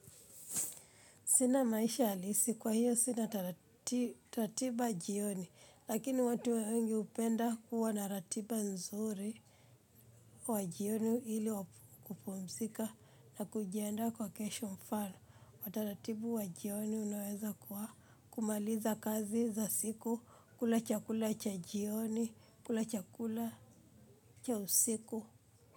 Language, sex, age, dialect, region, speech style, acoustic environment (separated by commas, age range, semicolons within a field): Swahili, female, 25-34, Kenya - Nairobi Sheng-influenced, Kenya, happy; focused; relaxed; excited, people talking